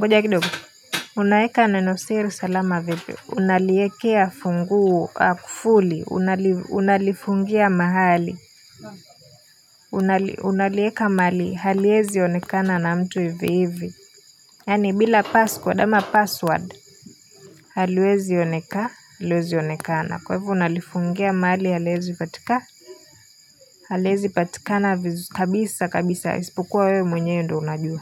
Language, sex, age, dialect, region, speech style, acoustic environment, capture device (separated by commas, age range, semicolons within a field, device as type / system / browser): Swahili, female, 18-24, Kenya - Nairobi Sheng-influenced, Kenya, happy, people talking; silence; nature, mobile / Linux / Chrome